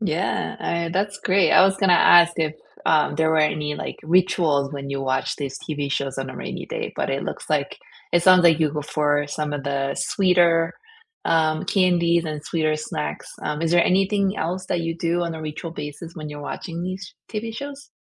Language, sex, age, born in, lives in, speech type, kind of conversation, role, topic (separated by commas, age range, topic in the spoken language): English, female, 40-44, South Korea, United States, conversation, unstructured, none, Which TV series would you recommend for a cozy, rainy-weekend binge, and what makes it perfect to share?